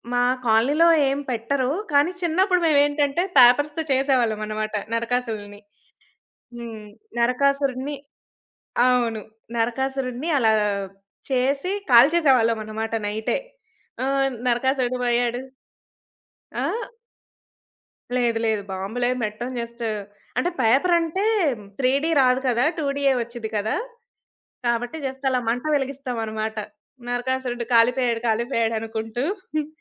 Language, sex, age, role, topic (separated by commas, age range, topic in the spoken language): Telugu, female, 20-24, guest, మీరు పండగలను ఎలా జరుపుకుంటారు?